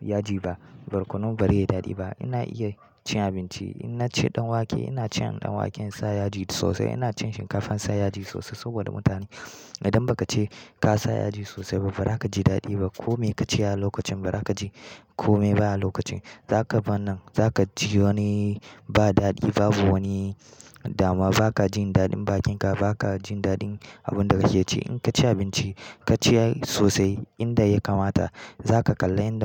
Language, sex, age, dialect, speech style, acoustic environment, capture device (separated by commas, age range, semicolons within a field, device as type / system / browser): Hausa, male, 18-24, Nigeria - Kano, happy, silence, mobile / Linux / Chrome